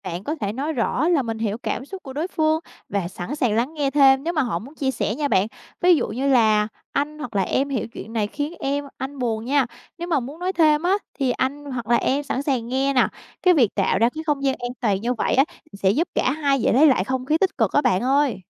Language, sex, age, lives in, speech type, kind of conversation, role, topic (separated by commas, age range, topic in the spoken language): Vietnamese, female, 25-29, Vietnam, read, expert-assistant, expert, Những giải pháp nào giúp cải thiện kỹ năng lắng nghe chủ động trong mối quan hệ vợ chồng?